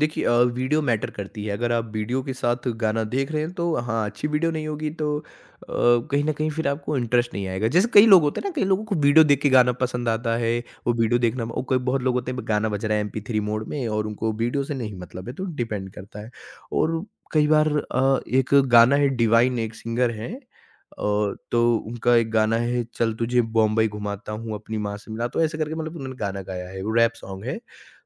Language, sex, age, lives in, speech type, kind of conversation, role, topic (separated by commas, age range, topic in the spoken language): Hindi, male, 20-24, India, conversation, podcast, guest, Movies ya TV ne tumhara music taste kaise badla?